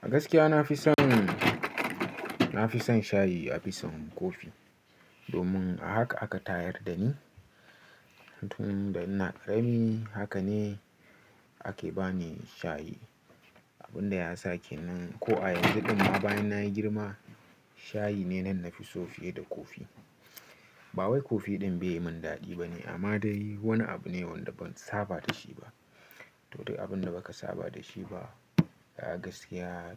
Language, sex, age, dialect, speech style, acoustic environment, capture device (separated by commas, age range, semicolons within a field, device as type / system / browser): Hausa, male, 18-24, Nigeria - Nigerian Standard, relaxed; focused, nature; silence, mobile / Linux / Chrome